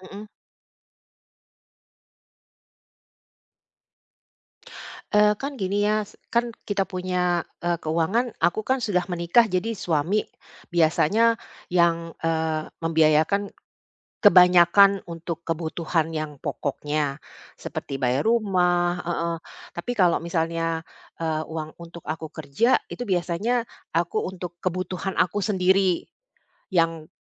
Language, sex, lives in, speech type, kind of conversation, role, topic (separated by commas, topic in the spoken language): Indonesian, female, Netherlands, conversation, advice, user, Bagaimana cara membedakan kebutuhan dan keinginan sehari-hari agar tetap hemat tanpa merasa kekurangan?